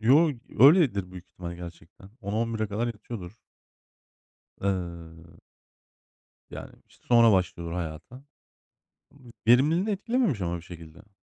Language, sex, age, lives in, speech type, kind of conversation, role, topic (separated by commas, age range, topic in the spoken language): Turkish, male, 35-39, Germany, conversation, unstructured, none, Sabah erken kalkmak mı yoksa geç saatlere kadar uyumak mı insanı daha iyi hissettirir?